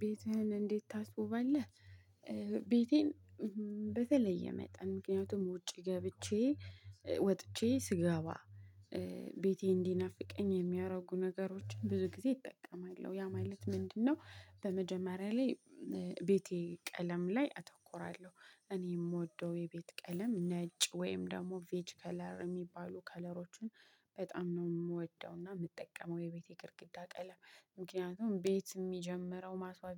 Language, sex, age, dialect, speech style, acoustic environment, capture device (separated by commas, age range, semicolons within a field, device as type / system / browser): Amharic, female, 25-34, Ethiopia - Addis Ababa, happy, people talking, mobile / Linux / Chrome